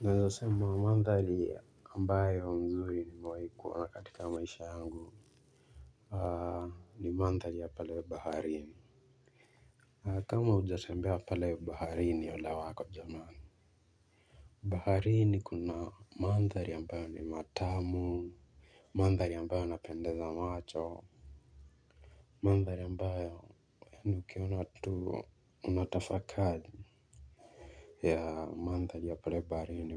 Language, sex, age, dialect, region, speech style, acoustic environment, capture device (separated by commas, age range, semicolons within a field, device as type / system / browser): Swahili, male, 18-24, Kenya - Mombasa, Kenya, nervous, people talking, mobile / Linux / Chrome